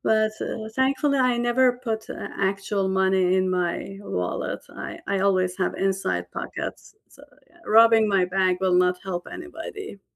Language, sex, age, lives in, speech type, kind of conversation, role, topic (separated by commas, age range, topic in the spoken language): English, female, 45-49, United States, conversation, unstructured, none, Which cherished memory do you love retelling with friends or family, and why?